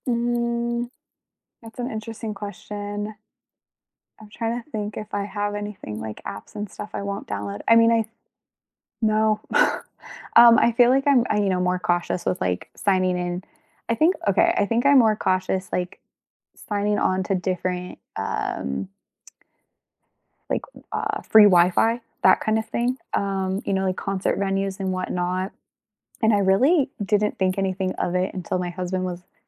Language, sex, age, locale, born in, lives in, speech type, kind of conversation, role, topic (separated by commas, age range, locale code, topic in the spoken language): English, female, 25-29, en-US, United States, United States, conversation, unstructured, none, How do you balance convenience and privacy in the tech you use every day?